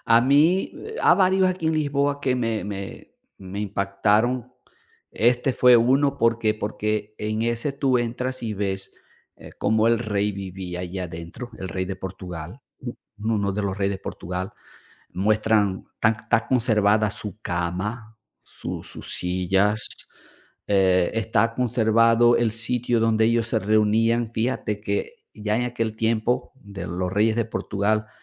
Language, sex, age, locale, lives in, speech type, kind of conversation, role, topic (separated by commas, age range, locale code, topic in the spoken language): Spanish, male, 55-59, es-VE, Portugal, conversation, unstructured, none, ¿Has visitado algún lugar histórico que te haya impactado?